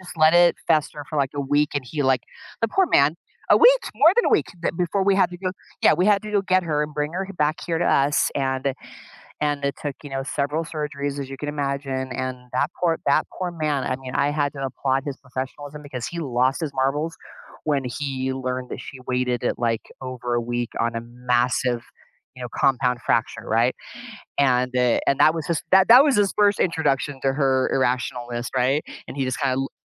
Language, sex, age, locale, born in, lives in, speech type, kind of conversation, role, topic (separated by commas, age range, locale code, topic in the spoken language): English, female, 55-59, en-US, United States, United States, conversation, advice, user, How can I address a disagreement with a close friend while preserving our friendship?